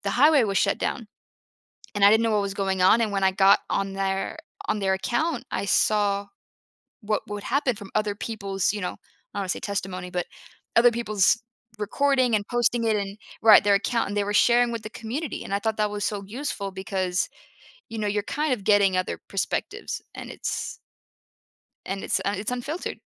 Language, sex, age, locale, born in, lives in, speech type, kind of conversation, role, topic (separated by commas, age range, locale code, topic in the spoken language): English, female, 25-29, en-US, United States, United States, conversation, podcast, guest, Why do news stories about the same event look so different around the world?